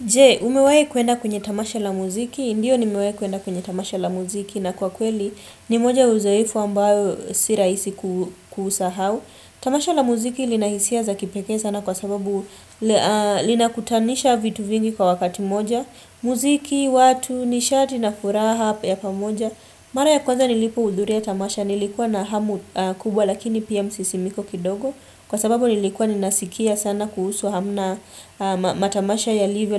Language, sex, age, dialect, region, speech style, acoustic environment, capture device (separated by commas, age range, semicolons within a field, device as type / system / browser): Swahili, female, 25-34, Kenya - Mombasa, Kenya, relaxed, silence, desktop / Windows / Chrome